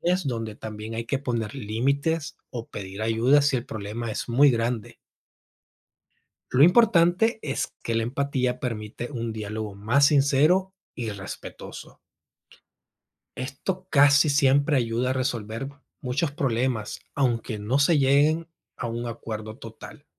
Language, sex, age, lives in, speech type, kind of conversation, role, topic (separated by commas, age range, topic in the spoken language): Spanish, male, 30-34, United States, read, expert-assistant, expert, ¿Por qué es importante la empatía en las relaciones humanas?